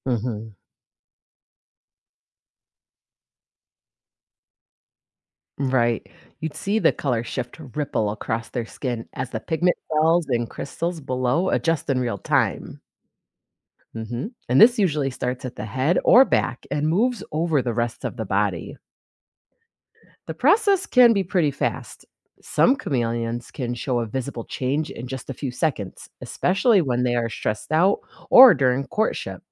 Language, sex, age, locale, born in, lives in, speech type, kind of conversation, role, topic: English, female, 40-44, en-US, United States, United States, read, expert-assistant, expert, What makes a chameleon change its color?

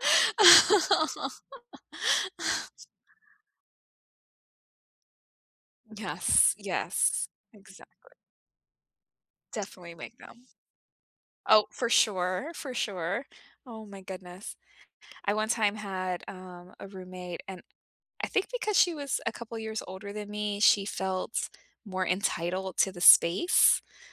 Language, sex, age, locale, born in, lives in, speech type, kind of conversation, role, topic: English, female, 35-39, en-US, United States, United States, conversation, unstructured, none, How can you make living with someone feel fair when your chores and preferences are so different?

